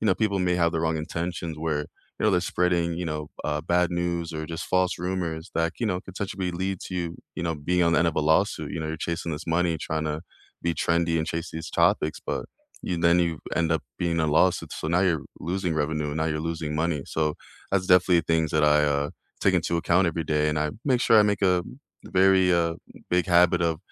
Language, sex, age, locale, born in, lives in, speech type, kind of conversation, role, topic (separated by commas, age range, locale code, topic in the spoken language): English, male, 25-29, en-US, United States, United States, conversation, unstructured, none, How do you pursue big goals while protecting your daily well-being?